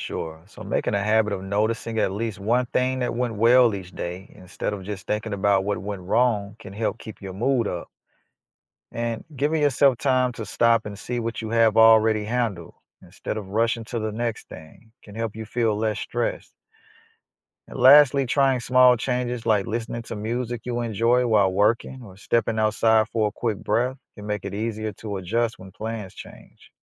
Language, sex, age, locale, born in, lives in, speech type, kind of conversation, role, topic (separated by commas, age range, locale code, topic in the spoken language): English, male, 35-39, en-US, United States, United States, read, expert-assistant, expert, How does learning from failure lead to future success?